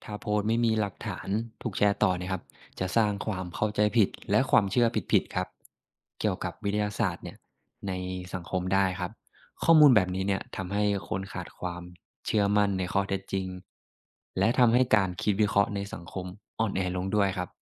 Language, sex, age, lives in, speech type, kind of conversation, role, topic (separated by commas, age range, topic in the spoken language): Thai, male, 25-29, Thailand, read, expert-assistant, expert, ถ้าเพื่อนแชร์เรื่องวิวัฒนาการสัตว์ในโซเชียล เราจะเช็กได้อย่างไรว่าข้อมูลนั้นถูกต้องหรือไม่?